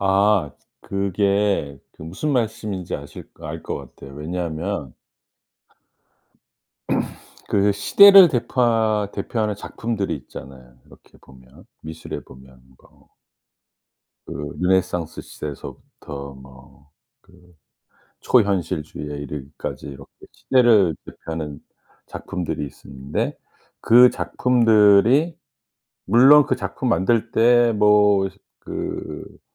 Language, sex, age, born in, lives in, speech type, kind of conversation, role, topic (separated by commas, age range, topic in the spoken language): Korean, male, 55-59, South Korea, United States, conversation, podcast, host, 관객을 떠올릴 때 가장 중요하게 고려하는 점은 무엇인가요?